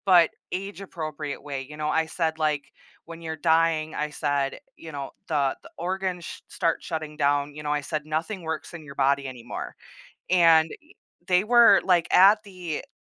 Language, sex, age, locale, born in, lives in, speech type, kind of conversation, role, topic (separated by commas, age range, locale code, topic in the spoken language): English, female, 30-34, en-US, United States, United States, conversation, podcast, guest, In what ways do children’s views on death change as they grow, and how can adults help them understand and cope with loss?